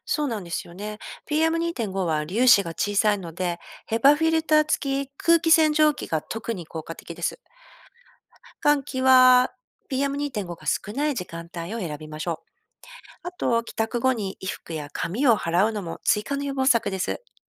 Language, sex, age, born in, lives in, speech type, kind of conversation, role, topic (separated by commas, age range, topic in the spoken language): Japanese, female, 50-54, Japan, Japan, read, expert-assistant, expert, 自分や家族の健康を守るため、今の日本で一番気をつけておきたい公衆衛生のポイントは何だと思いますか？